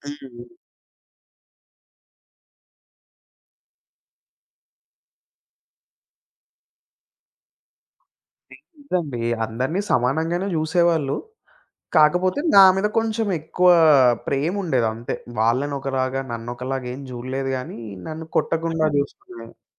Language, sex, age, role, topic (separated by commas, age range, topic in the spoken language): Telugu, male, 25-29, guest, మీ ఇంట్లో ప్రేమను ఎలా చూపించేవారు?